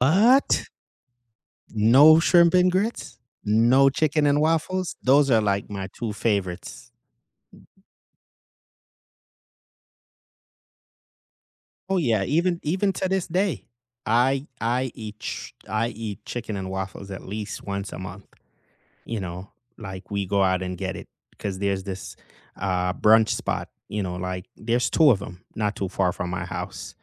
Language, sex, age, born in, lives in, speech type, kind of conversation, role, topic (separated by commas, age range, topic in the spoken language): English, male, 35-39, Jamaica, United States, conversation, unstructured, none, What simple recipe do you love making for friends or family?